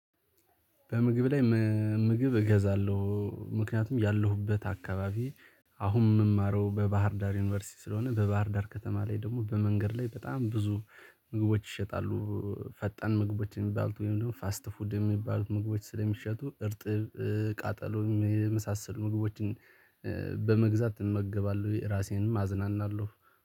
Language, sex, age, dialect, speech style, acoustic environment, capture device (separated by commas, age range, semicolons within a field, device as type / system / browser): Amharic, male, 18-24, Ethiopia - Gojjam, focused, silence, mobile / Linux / Chrome